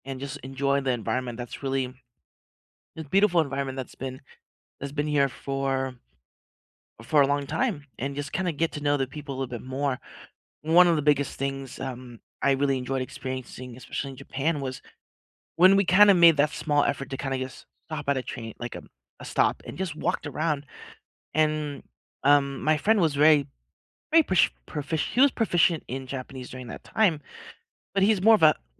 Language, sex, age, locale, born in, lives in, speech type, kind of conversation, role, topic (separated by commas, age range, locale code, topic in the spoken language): English, male, 30-34, en-US, United States, United States, conversation, unstructured, none, How do you like exploring a city—by train, bus, or bike—and what makes it special to you?